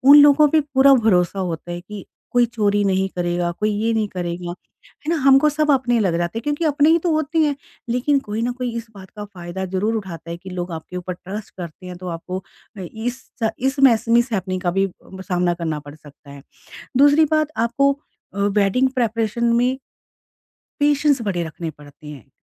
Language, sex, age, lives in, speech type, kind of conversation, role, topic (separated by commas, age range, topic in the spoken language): Hindi, female, 45-49, India, conversation, podcast, guest, Wedding prep mein sab milke kaam karte hue aapki sabse yaadgar yaadein kya hain?